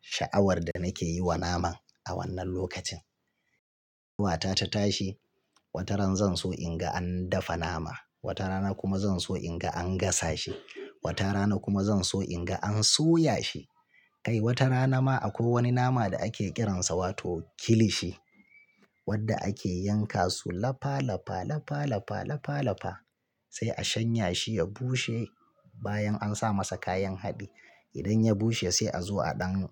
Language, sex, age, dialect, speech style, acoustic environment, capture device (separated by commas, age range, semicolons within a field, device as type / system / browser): Hausa, male, 35-44, Nigeria - Kano, relaxed; focused, silence, mobile / Linux / Chrome